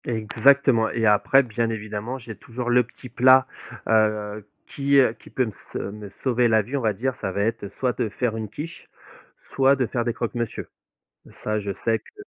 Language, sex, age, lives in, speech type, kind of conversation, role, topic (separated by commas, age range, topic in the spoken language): French, male, 35-39, France, conversation, podcast, guest, Comment organises-tu concrètement tes repas de la semaine ?